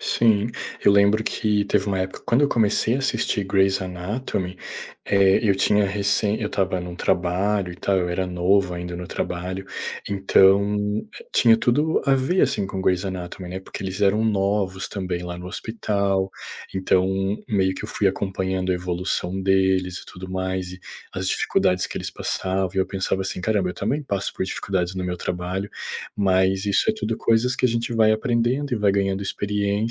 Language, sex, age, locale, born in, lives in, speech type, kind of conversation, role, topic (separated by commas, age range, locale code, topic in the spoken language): Portuguese, male, 30-34, pt-BR, Brazil, Portugal, conversation, unstructured, none, Por que as séries de TV são tão populares atualmente?